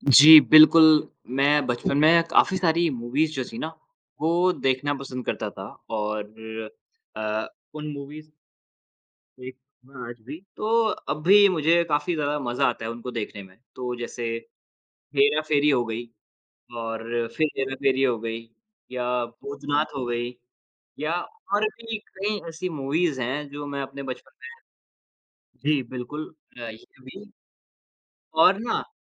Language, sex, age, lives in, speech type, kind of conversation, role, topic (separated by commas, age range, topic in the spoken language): Hindi, male, 20-24, India, conversation, unstructured, none, आपके बचपन की कौन-सी फिल्म आपको सबसे ज्यादा यादगार लगती है?